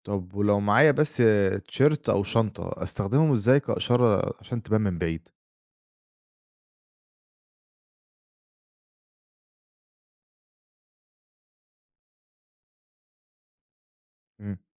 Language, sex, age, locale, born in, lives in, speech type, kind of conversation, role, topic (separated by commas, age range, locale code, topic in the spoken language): Arabic, male, 25-29, ar-EG, Egypt, Egypt, read, expert-assistant, asker, إزاي ممكن تتصرف لو حصل موقف طارئ وأنت في قلب الجبل، زي إنك تضيع أو يحصل إصابة؟